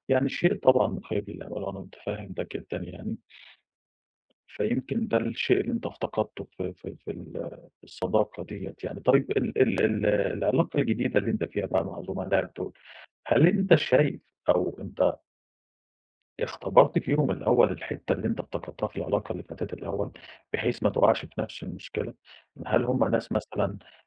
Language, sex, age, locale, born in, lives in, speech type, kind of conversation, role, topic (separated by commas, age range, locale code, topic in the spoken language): Arabic, male, 30-34, ar-EG, Egypt, Greece, conversation, advice, advisor, إزاي أبني علاقات تقلل الندم وتزود الرضا في حياتي؟